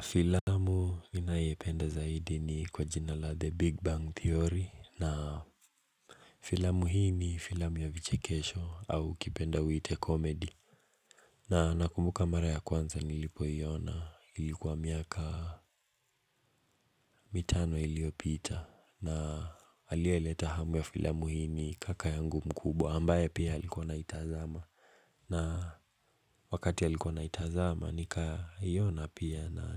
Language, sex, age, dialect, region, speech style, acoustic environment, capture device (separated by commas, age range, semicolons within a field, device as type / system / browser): Swahili, male, 18-24, Kenya - Mombasa, Kenya, relaxed, nature; appliances, mobile / Linux / Chrome